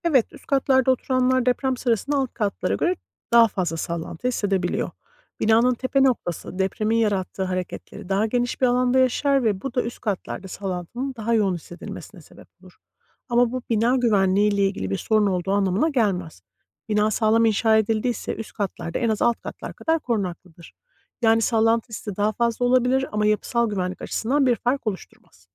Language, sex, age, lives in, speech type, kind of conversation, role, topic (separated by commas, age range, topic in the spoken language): Turkish, female, 40-44, United States, read, expert-assistant, expert, Yüksek katlı binalarda deprem sırasında ne kadar güvendeyiz?